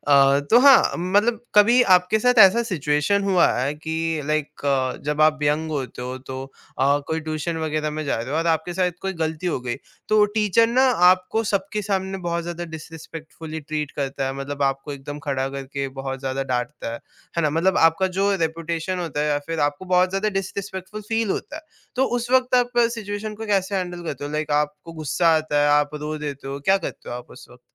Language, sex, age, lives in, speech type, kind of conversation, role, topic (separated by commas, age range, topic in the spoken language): Hindi, male, 18-19, India, conversation, podcast, host, Agar saamne wale se maafi na mile, toh tum khud kaise aage badhte ho?